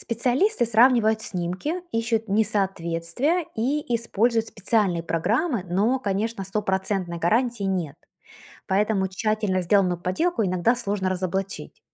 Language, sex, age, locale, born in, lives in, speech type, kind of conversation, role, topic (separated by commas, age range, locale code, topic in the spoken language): Russian, female, 40-44, ru-UA, Ukraine, United States, read, expert-assistant, expert, Как разобраться, какая информация о морских животных заслуживает доверия?